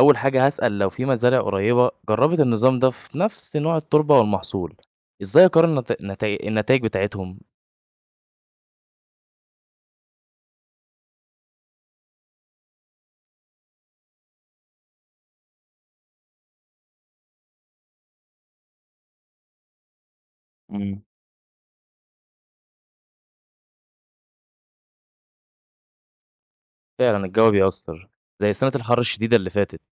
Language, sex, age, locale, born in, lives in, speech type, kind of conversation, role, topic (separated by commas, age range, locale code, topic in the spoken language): Arabic, male, 20-24, ar-EG, Egypt, Egypt, read, expert-assistant, asker, إزاي أقارن أرقام نظم الري الجديدة مع ظروفي في أرضي؟